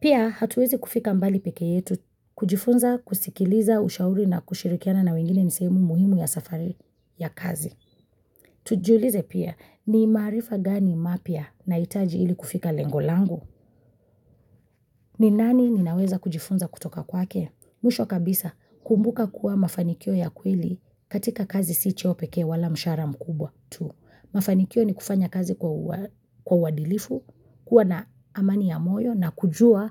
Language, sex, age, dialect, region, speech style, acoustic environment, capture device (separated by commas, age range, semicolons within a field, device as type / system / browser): Swahili, female, 25-34, Kenya - Mombasa, Kenya, excited; focused; relaxed, nature, mobile / Linux / Chrome